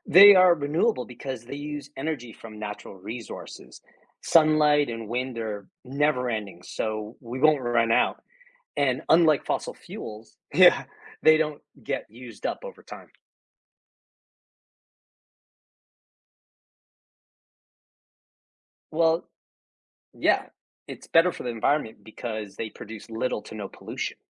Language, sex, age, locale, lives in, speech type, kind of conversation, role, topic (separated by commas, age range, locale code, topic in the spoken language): English, male, 50-54, en-US, United States, read, expert-assistant, expert, How do renewable energy sources like solar and wind power work?